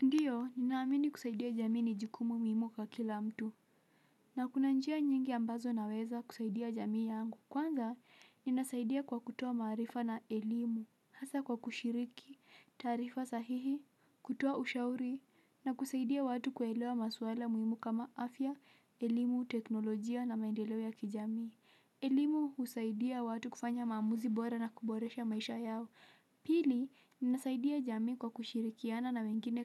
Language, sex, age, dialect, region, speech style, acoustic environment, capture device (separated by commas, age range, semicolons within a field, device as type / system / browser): Swahili, female, 18-24, Kenya - Mombasa, Kenya, relaxed, silence; nature, mobile / Linux / Chrome